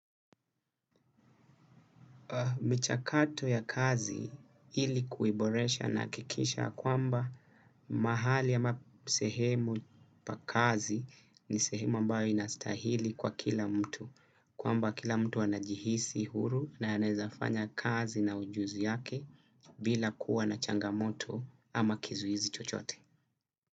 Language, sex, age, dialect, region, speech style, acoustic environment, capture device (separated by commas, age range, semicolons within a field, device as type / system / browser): Swahili, male, 25-34, Kenya - Mombasa, Kenya, focused, silence, desktop / Windows / Chrome